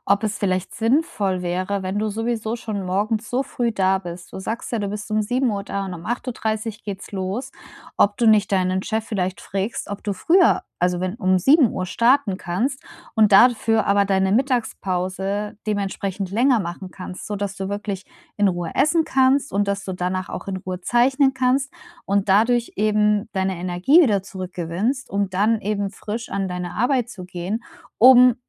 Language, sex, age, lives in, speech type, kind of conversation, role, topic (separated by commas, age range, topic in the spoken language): German, female, 35-39, Germany, conversation, advice, advisor, Wie kann ich morgens genug Energie haben, um den ganzen Tag über leistungsfähig zu bleiben?